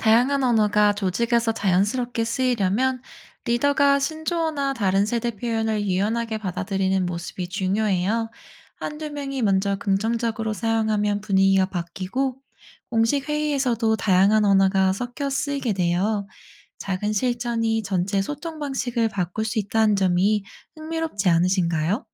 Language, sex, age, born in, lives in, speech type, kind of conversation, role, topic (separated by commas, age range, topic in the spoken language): Korean, female, 30-34, South Korea, United States, read, expert-assistant, expert, 회사 내 다양한 세대가 사용하는 언어적 표현 차이로 인한 갈등을 어떻게 조율할 수 있을까요?